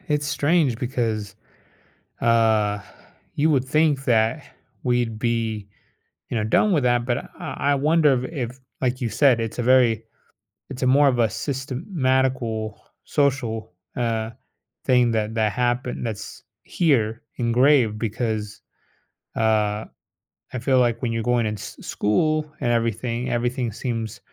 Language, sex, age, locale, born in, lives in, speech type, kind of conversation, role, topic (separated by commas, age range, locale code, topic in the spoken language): English, male, 30-34, en-US, United States, United States, conversation, advice, advisor, How can I adjust to living alone?